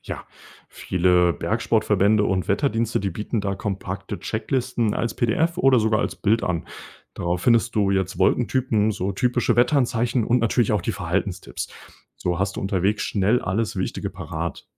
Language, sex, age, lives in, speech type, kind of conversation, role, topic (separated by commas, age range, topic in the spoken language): German, male, 20-24, Germany, read, expert-assistant, expert, Wie kann ich meinen Alltag besser auf wechselhaftes Wetter abstimmen und dabei den Überblick behalten?